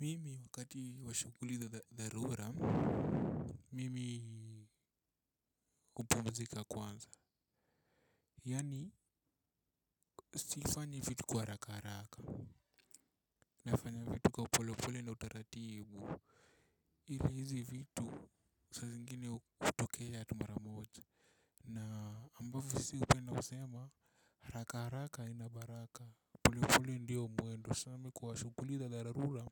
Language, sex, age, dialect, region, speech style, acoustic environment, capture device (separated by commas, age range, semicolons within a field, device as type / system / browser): Swahili, male, 18-24, Kenya - Nairobi Sheng-influenced, Kenya, nervous, people talking, mobile / Linux / Chrome